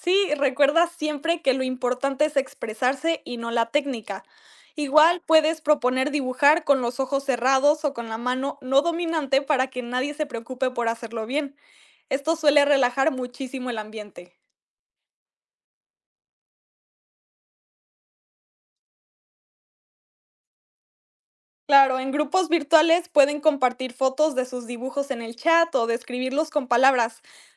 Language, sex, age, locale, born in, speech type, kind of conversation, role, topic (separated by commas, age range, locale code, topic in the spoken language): Spanish, female, 18-19, es-MX, Mexico, read, expert-assistant, expert, ¿Cómo podríamos hacer que hablar sobre arte sea más divertido y menos intimidante?